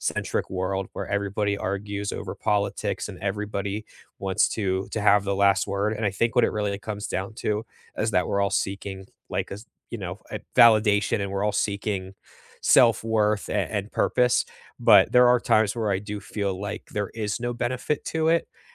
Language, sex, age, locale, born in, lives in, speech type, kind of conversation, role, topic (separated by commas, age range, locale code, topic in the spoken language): English, male, 25-29, en-US, United States, United States, conversation, unstructured, none, What is a surprising lesson you have learned from an argument?